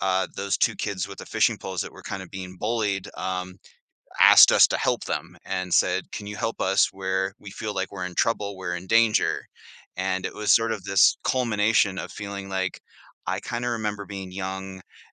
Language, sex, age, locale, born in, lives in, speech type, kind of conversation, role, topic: English, male, 40-44, en-US, Canada, United States, conversation, podcast, guest, How has helping someone in the past shaped who you are today?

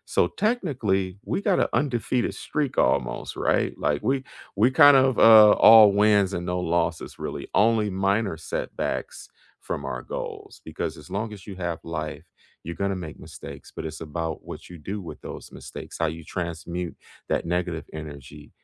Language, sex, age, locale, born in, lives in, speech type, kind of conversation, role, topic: English, male, 35-39, en-US, United States, United States, conversation, unstructured, none, What is a lesson you learned from a mistake you made?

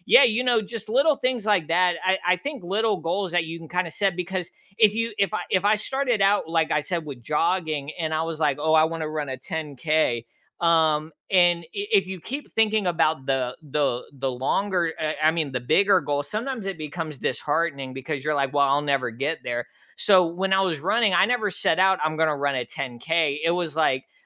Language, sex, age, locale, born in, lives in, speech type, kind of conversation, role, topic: English, male, 35-39, en-US, United States, United States, conversation, unstructured, none, What is a small goal you are excited about this month?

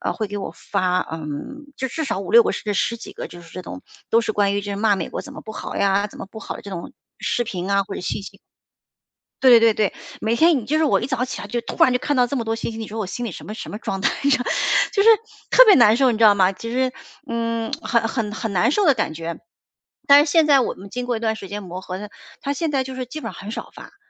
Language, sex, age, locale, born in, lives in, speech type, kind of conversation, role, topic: Chinese, female, 45-49, zh-CN, China, United States, conversation, advice, user, 在不断变化的生活中，我该如何找到稳定感并接纳无法控制的结果？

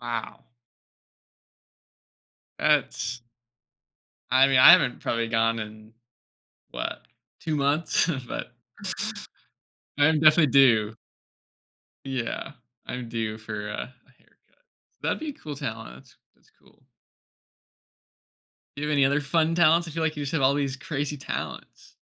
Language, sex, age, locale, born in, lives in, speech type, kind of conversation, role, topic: English, male, 25-29, en-US, United States, United States, conversation, podcast, host, Why do certain childhood memories continue to bring us happiness as adults?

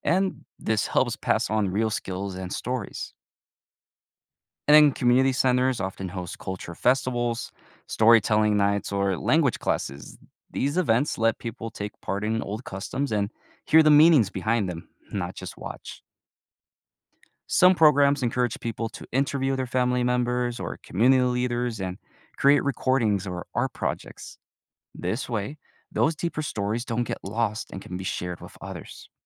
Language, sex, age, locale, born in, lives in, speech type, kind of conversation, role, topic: English, male, 20-24, en-US, United States, United States, read, expert-assistant, expert, How does globalization affect local cultural traditions?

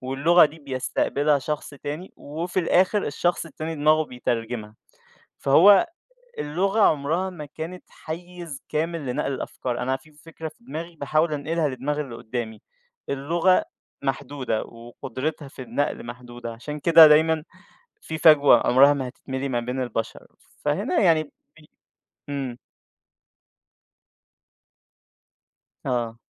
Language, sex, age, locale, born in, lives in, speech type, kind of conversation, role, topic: Arabic, male, 20-24, ar-EG, Egypt, Egypt, conversation, podcast, guest, إزاي تناقش موضوع حساس قدام العيلة؟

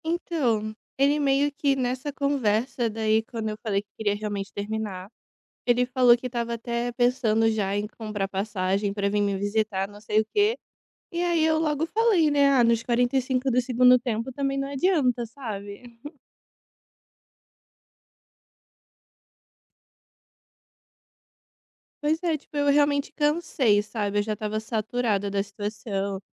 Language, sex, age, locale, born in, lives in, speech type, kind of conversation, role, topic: Portuguese, female, 25-29, pt-BR, Brazil, Italy, conversation, advice, user, Como lidar com a culpa por ter sido quem terminou o relacionamento?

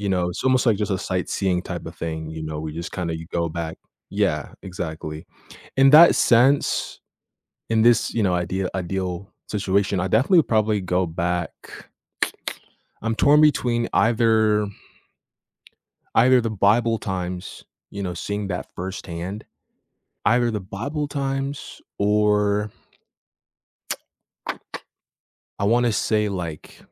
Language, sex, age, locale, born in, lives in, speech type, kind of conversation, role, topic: English, male, 18-19, en-US, Canada, United States, conversation, unstructured, none, Would you rather travel back in time or see the future?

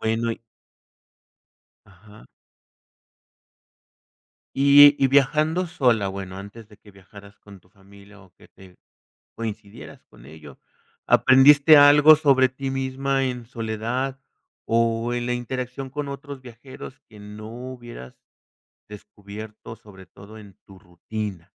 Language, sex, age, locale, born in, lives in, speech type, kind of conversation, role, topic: Spanish, male, 50-54, es-MX, Mexico, Mexico, conversation, podcast, host, ¿Me cuentas tu mejor experiencia de viaje?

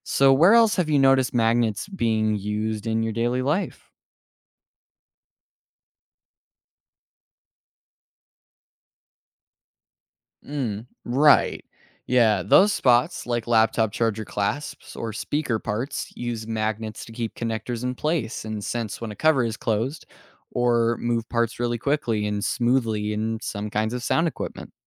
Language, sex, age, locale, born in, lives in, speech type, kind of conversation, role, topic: English, male, 18-19, en-US, United States, United States, read, expert-assistant, expert, How do magnets work and what are they used for?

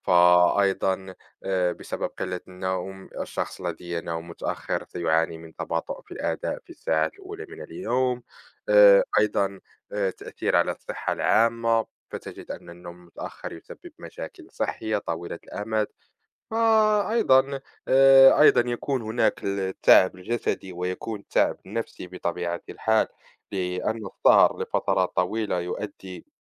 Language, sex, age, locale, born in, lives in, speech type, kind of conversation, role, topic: Arabic, male, 20-24, ar-MA, Morocco, France, conversation, unstructured, none, إنت بتفضّل تصحى بدري ولا تسهر لوقت متأخر؟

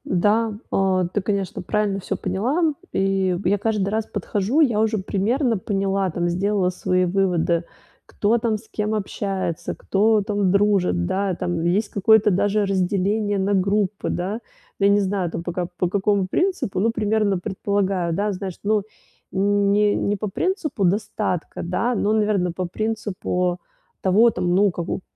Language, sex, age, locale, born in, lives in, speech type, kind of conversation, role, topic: Russian, female, 35-39, ru-RU, Russia, Italy, conversation, advice, user, Как мне легче вписаться в новый круг людей?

